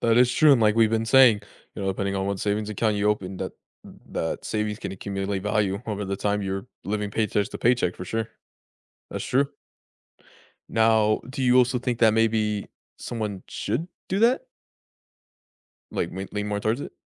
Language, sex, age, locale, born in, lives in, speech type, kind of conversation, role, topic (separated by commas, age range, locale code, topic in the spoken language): English, male, 20-24, en-US, United States, United States, conversation, unstructured, none, What money goal are you proud of achieving?